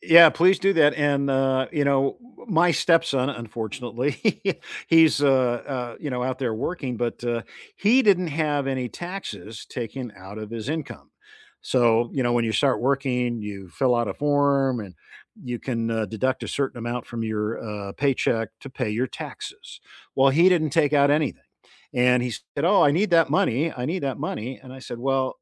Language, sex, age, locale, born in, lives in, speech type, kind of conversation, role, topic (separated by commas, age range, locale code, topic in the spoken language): English, male, 70-74, en-US, United States, United States, conversation, unstructured, none, What is a smart way to save money regularly?